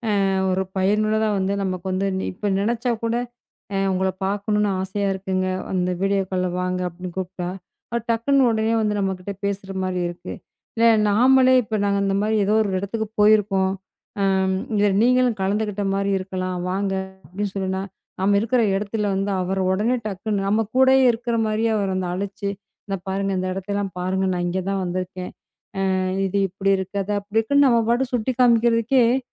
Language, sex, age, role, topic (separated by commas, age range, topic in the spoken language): Tamil, female, 35-39, guest, உறவுகளில் தனிமை உணர்வை குறைக்க ஆன்லைனில் எந்த வழிகள் பயனுள்ளதாக இருக்கலாம்?